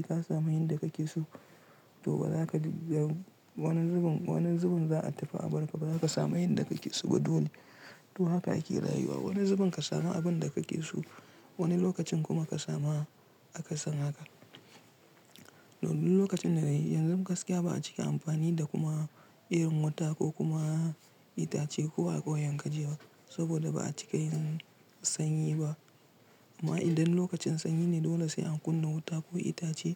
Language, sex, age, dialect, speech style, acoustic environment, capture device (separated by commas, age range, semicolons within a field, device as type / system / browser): Hausa, male, 25-34, Nigeria - Kano, relaxed; tired; stressed; focused, silence; nature, mobile / Linux / Chrome